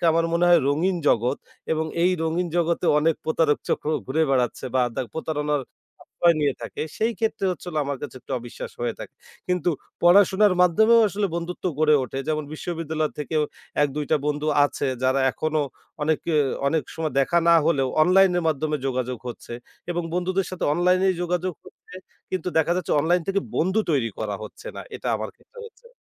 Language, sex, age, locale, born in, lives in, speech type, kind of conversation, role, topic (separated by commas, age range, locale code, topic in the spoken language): Bengali, male, 25-29, bn-BD, Bangladesh, Bangladesh, conversation, podcast, guest, শখের সূত্রে নতুন বন্ধু বানাতে তুমি সাধারণত কীভাবে শুরু করো?